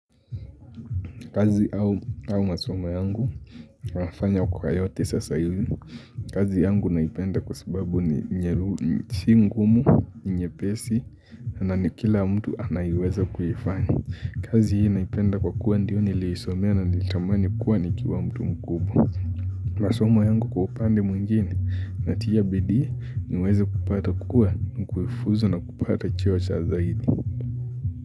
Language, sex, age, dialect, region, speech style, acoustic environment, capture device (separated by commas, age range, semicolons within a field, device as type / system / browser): Swahili, male, 18-24, Kenya - Mombasa, Kenya, focused, silence, mobile / Linux / Chrome